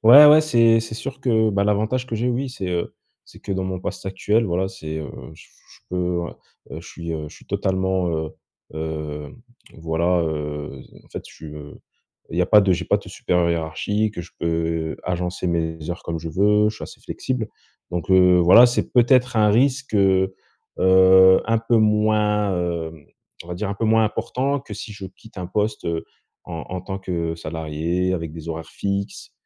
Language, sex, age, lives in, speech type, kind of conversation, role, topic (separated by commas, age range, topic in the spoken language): French, male, 25-29, France, conversation, advice, user, Comment trouver des opportunités lorsque tout change autour de moi et que l’avenir est incertain ?